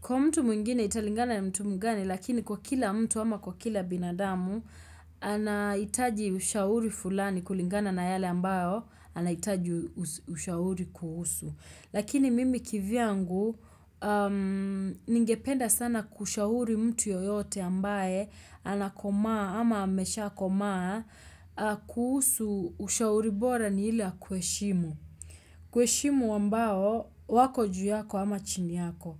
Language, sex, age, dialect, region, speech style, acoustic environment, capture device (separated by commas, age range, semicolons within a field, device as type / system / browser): Swahili, female, 25-34, Kenya - Nairobi Sheng-influenced, Kenya, happy, nature, desktop / Windows / Chrome